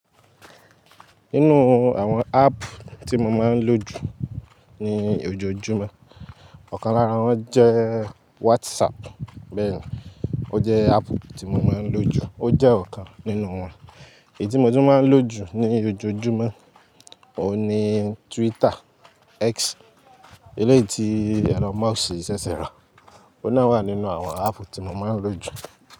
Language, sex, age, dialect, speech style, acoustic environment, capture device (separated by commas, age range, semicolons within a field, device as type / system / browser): Yoruba, male, 18-24, Nigeria - Lagos Yoruba, focused; excited; happy, people talking; nature, mobile / Linux / Chrome